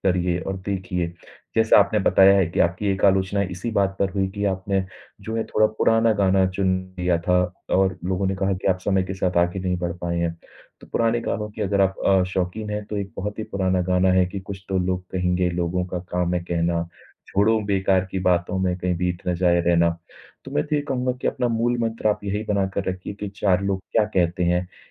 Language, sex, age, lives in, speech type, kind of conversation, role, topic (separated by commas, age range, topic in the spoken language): Hindi, male, 30-34, India, conversation, advice, advisor, सार्वजनिक प्रस्तुति के बाद मिली कड़ी आलोचना से मुझे इतनी शर्म क्यों महसूस हो रही है?